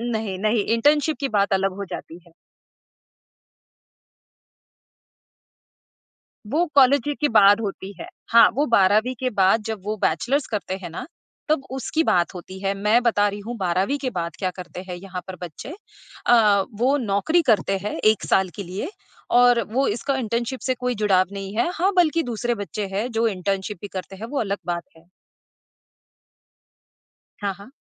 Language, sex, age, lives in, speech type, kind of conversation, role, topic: Hindi, female, 35-39, United States, conversation, podcast, guest, करियर चुनते समय आप किन बातों को सबसे ज्यादा महत्व देते हैं?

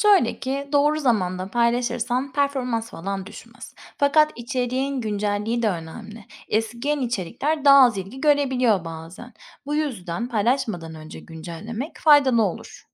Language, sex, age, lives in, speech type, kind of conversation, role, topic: Turkish, female, 30-34, Spain, read, expert-assistant, expert, Birden fazla sosyal medya hesabında içerik paylaşırken işleri nasıl daha kolay yönetebilirim?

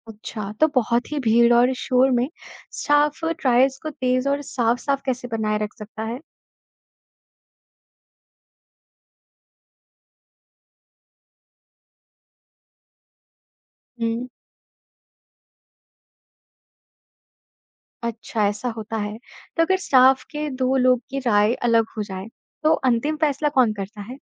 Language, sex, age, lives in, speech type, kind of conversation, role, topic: Hindi, male, 55-59, India, read, expert-assistant, asker, जब क्लीनिक में कई मरीज और सीमित समय हो, तो इलाज की प्राथमिकता कैसे तय करें?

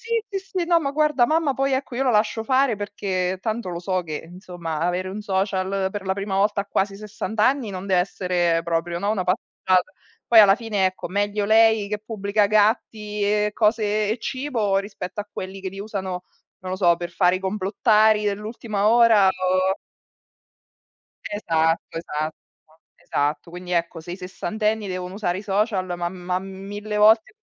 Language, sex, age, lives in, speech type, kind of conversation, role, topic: Italian, female, 35-39, Italy, conversation, unstructured, none, Qual è il gesto più romantico che hai fatto o ricevuto?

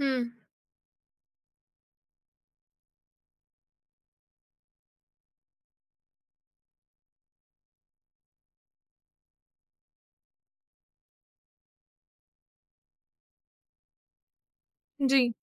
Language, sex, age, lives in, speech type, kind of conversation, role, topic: Hindi, female, 25-29, India, conversation, advice, advisor, दूसरों से तुलना करने पर आपको अपनी प्रगति को लेकर निराशा क्यों महसूस होती है?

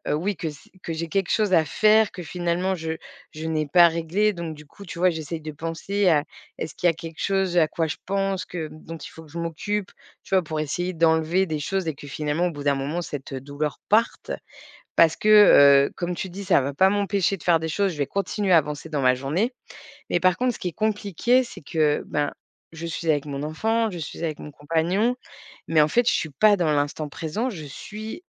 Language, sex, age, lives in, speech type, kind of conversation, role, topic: French, female, 40-44, France, conversation, advice, user, Comment retrouver le calme quand le stress me submerge d’émotions ?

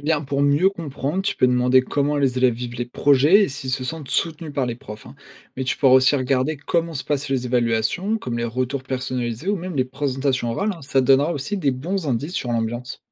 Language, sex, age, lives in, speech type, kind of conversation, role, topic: French, male, 20-24, France, read, expert-assistant, expert, Où pourrais-je trouver des témoignages de parents ou d’élèves sur les différences entre les écoles ici et ailleurs ?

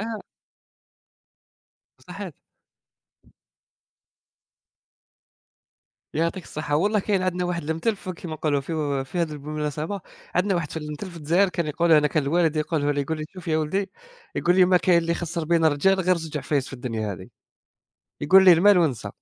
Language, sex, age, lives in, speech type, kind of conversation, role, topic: Arabic, male, 20-24, Germany, conversation, unstructured, none, هل بتحس إن الفلوس ممكن تعمل مشاكل في العلاقات الشخصية؟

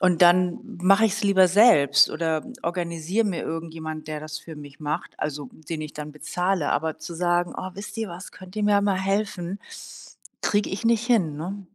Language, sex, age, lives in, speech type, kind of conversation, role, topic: German, female, 60-64, Germany, conversation, advice, user, Wie kann ich um Hilfe bitten, ohne mich schwach zu fühlen?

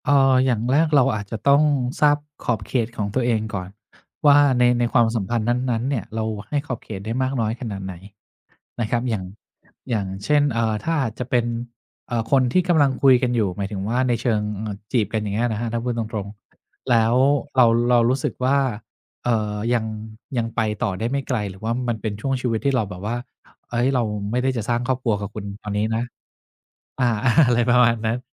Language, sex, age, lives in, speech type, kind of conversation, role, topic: Thai, male, 50-54, Thailand, conversation, podcast, guest, คุณรับมือกับความคาดหวังของคนอื่นอย่างไรโดยไม่ให้กระทบความเป็นตัวตนของคุณ?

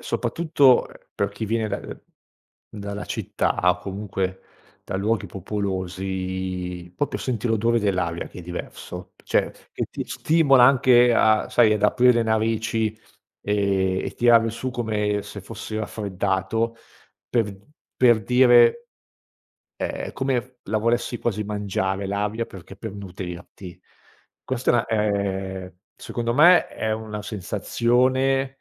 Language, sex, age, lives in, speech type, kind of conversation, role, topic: Italian, male, 45-49, Italy, conversation, podcast, guest, Come spiegheresti in parole semplici perché le foreste sono così importanti?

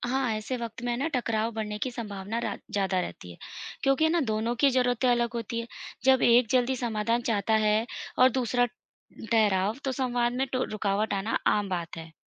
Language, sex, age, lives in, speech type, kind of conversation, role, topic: Hindi, female, 35-39, India, read, expert-assistant, expert, झगड़े की स्थिति में सबसे पहले किन बातों को महत्व देना चाहिए — भावनाओं की शांति, समस्या का हल, या संबंध की मजबूती?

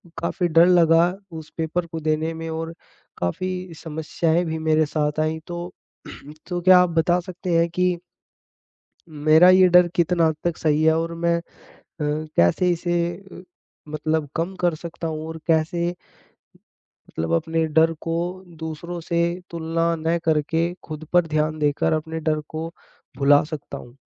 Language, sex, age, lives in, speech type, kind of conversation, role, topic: Hindi, male, 20-24, India, conversation, advice, user, परफेक्शनिज़्म की वजह से मैं काम शुरू नहीं कर पाता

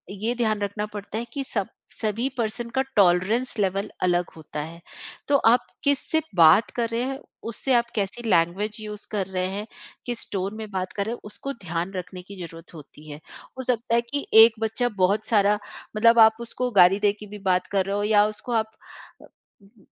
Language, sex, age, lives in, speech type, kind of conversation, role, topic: Hindi, female, 45-49, India, conversation, podcast, guest, Tough conversations start karne ka best tareeka kya hai?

